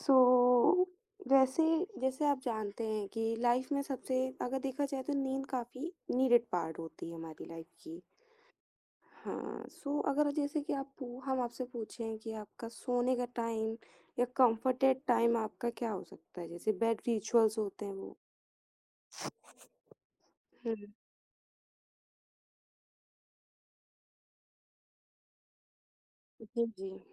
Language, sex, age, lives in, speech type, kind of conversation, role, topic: Hindi, female, 18-19, India, conversation, podcast, host, Neend ka routine stress kitna kam karta hai?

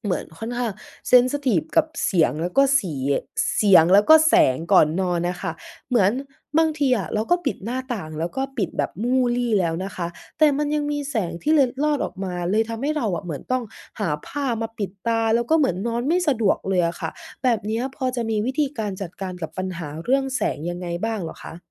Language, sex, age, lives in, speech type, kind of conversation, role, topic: Thai, female, 20-24, Thailand, conversation, advice, user, ฉันควรทำอย่างไรเพื่อเพิ่มคุณภาพการนอนและตื่นเช้ามีพลังมากขึ้น?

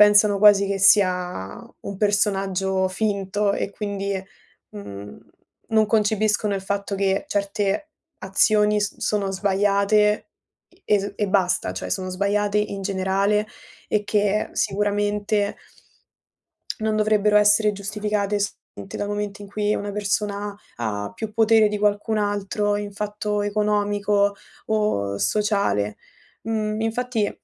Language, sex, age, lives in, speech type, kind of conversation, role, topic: Italian, female, 20-24, Italy, conversation, unstructured, none, Come reagisci quando una celebrità si comporta in modo scorretto sui media?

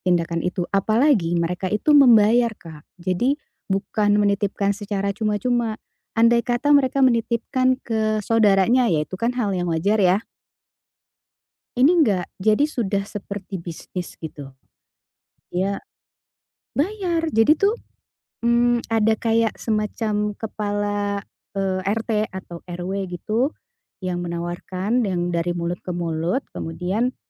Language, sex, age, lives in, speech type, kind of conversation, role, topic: Indonesian, female, 40-44, Indonesia, conversation, advice, user, Bagaimana saya bisa menjaga integritas dan tetap jujur saat menghadapi tekanan dari teman?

